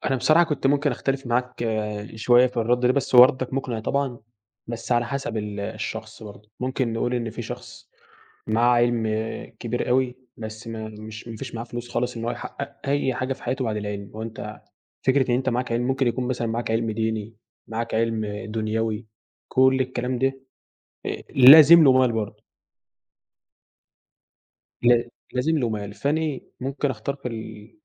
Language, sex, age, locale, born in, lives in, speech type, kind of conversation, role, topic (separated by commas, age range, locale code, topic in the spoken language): Arabic, male, 18-19, ar-EG, Egypt, Egypt, conversation, unstructured, none, هل الفلوس ساعدتك قبل كده إنك تحقق حلم من أحلامك؟ إزاي؟